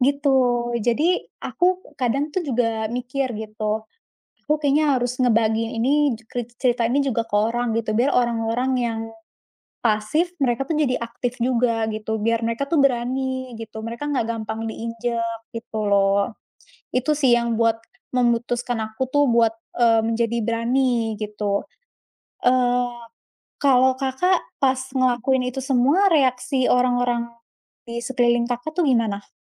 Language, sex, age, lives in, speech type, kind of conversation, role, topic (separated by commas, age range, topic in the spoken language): Indonesian, female, 20-24, Indonesia, conversation, unstructured, none, Apa hal paling berani yang pernah kamu lakukan untuk menunjukkan jati dirimu?